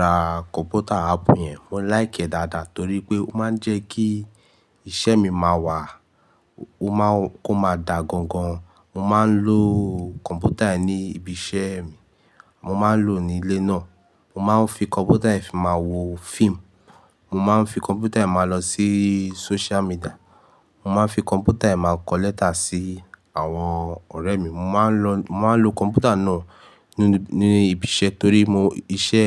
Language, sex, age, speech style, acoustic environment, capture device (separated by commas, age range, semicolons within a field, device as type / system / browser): Yoruba, male, 18-24, stressed, nature, mobile / Linux / Chrome